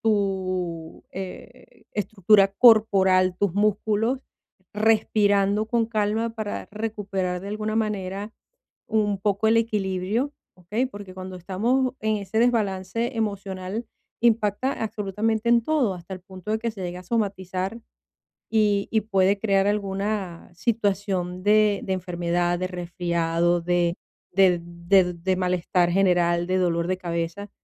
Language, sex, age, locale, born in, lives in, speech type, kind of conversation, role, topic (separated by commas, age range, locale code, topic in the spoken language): Spanish, female, 50-54, es-VE, Venezuela, Portugal, conversation, advice, advisor, ¿Cómo puedo mantenerme emocionalmente estable durante cambios importantes?